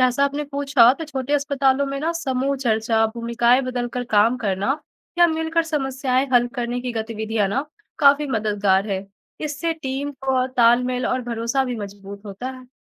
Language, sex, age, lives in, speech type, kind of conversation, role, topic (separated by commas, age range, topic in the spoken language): Hindi, female, 20-24, India, read, expert-assistant, expert, अगर अस्पताल में नर्सों की मुस्कान गायब हो जाए, तो इसके पीछे कौन सी छुपी वजहें हो सकती हैं?